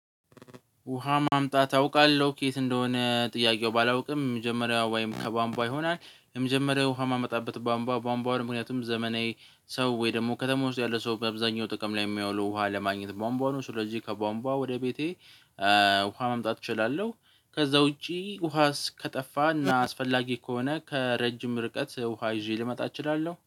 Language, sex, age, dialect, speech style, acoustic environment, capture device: Amharic, male, 18-24, Ethiopia - Addis Ababa, focused, silence, mobile / Linux / Chrome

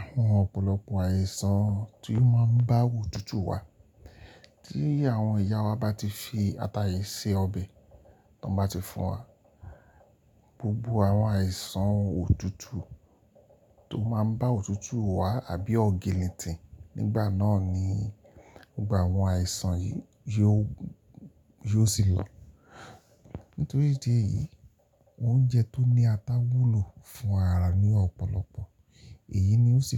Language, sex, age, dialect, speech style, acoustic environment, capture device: Yoruba, male, 45-54, Nigeria - Lagos Yoruba, relaxed; focused, silence, mobile / Linux / Chrome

